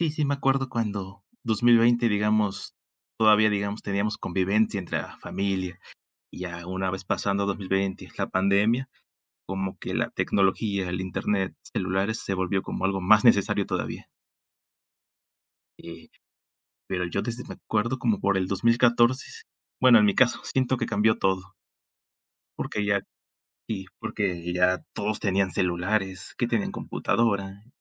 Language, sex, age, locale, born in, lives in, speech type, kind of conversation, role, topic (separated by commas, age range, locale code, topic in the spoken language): Spanish, male, 20-24, es-MX, Mexico, Mexico, conversation, unstructured, none, ¿Crees que la tecnología ha mejorado la educación?